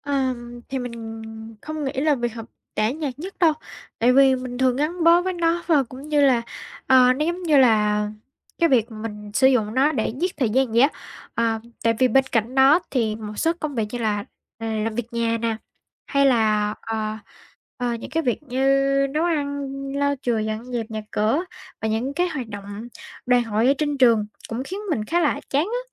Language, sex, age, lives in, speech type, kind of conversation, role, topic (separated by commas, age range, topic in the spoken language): Vietnamese, female, 18-19, Vietnam, conversation, advice, user, Bạn có đang cảm thấy cuộc sống hằng ngày tẻ nhạt và thiếu ý nghĩa không?